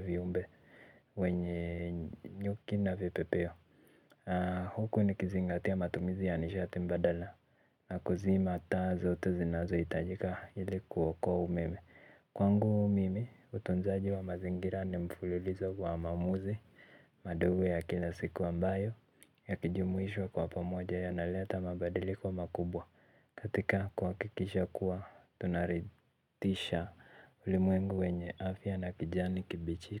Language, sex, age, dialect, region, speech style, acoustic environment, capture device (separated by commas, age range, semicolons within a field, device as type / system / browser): Swahili, male, 18-24, Kenya - Nairobi Sheng-influenced, Kenya, relaxed; focused, silence; nature, mobile / Linux / Chrome